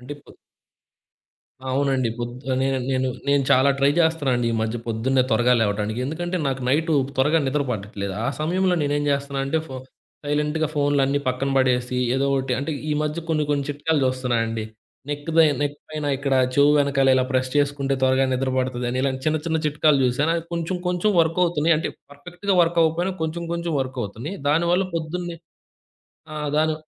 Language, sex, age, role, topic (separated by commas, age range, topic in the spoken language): Telugu, male, 20-24, guest, ఇంట్లో ఏకాగ్రత పెంచుకోవడానికి మీరు ఏ పద్ధతులు ఉపయోగిస్తారు?